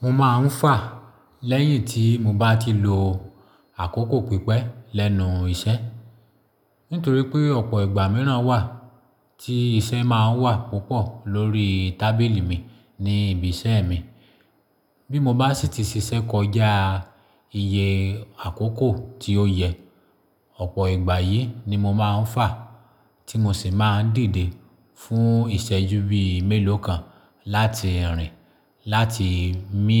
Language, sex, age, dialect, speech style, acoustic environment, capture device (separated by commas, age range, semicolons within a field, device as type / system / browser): Yoruba, male, 35-44, Nigeria - Lagos Yoruba, happy; focused; excited; relaxed, silence, mobile / Linux / Chrome